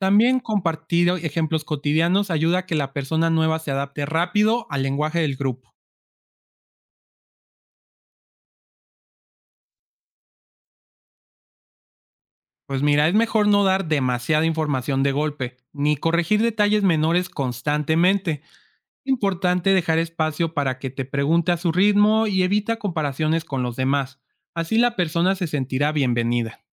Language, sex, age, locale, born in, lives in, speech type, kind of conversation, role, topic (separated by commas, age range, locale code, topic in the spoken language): Spanish, male, 25-29, es-MX, Mexico, Mexico, read, expert-assistant, expert, ¿Cómo puedo adaptar mi forma de hablar para comunicarme mejor con personas de diferentes regiones o generaciones?